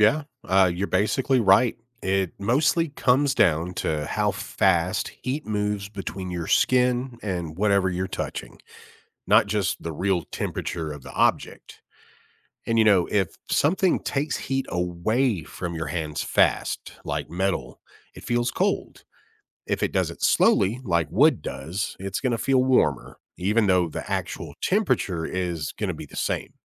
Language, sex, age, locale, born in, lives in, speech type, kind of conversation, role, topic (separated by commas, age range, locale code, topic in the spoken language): English, male, 35-39, en-US, United States, United States, read, expert-assistant, expert, What makes some materials feel colder to the touch than others?